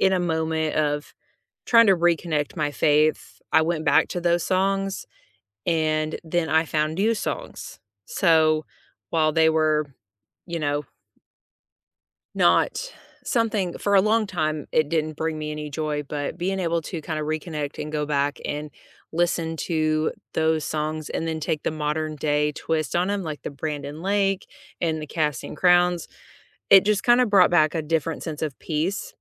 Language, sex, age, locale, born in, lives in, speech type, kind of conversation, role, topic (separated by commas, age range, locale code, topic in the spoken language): English, female, 35-39, en-US, United States, United States, conversation, unstructured, none, Which songs became the soundtrack to the moments that changed you, and why do they matter?